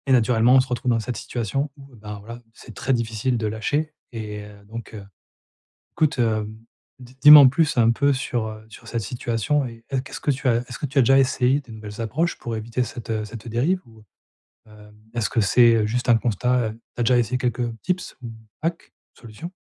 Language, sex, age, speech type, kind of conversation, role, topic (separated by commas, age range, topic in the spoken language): French, male, 40-44, conversation, advice, advisor, Comment réduire mon temps d’écran avant d’aller dormir ?